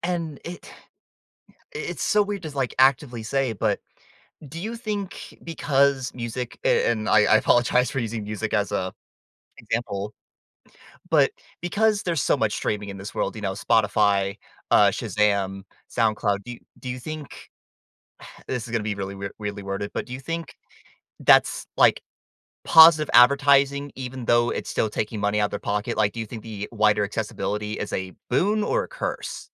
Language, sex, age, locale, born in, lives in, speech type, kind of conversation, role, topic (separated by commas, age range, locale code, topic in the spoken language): English, male, 20-24, en-US, United States, United States, conversation, podcast, host, How does rapid technological change affect the way people and organizations adapt and thrive?